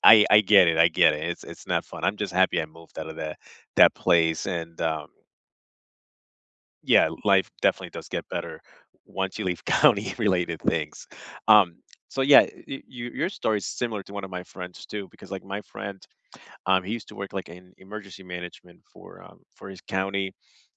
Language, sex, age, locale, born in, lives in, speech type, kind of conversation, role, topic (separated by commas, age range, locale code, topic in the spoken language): English, male, 25-29, en-US, United States, United States, conversation, unstructured, none, What is a small risk you took that paid off?